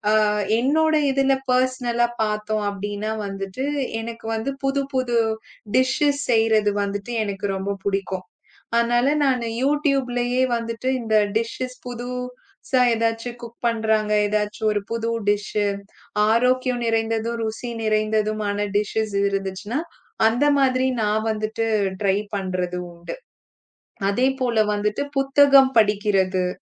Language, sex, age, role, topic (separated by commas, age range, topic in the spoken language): Tamil, female, 35-39, guest, மின்னணு சாதனங்களைப் பயன்படுத்தாமல் ஓய்வெடுக்க முடிவு செய்தால் நீங்கள் பொதுவாக எப்படித் தொடங்குகிறீர்கள்?